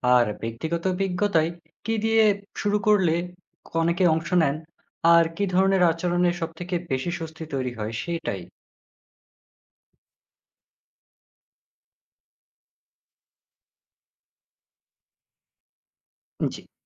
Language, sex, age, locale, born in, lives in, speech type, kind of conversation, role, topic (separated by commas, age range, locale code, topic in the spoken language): Bengali, male, 20-24, bn-BD, Bangladesh, Bangladesh, read, expert-assistant, asker, বিভিন্ন ধর্ম সম্পর্কে জানার সহজ ও কার্যকর উপায় কী হতে পারে?